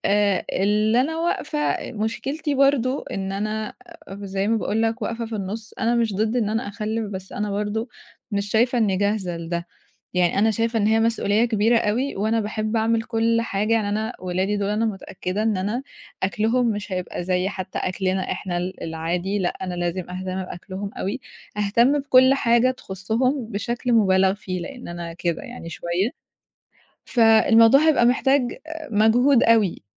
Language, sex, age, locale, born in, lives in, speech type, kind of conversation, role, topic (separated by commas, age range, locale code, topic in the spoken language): Arabic, female, 20-24, ar-EG, Egypt, Egypt, conversation, advice, user, إزاي أقرر أجيب طفل ولا لأ؟